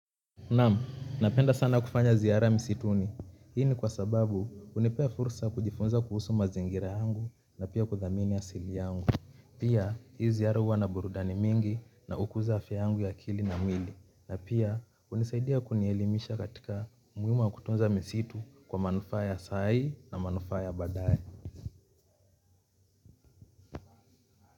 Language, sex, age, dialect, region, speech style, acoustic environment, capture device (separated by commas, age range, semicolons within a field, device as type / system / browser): Swahili, male, 25-34, Kenya - Mombasa, Kenya, relaxed, construction; appliances; people talking, mobile / Linux / Chrome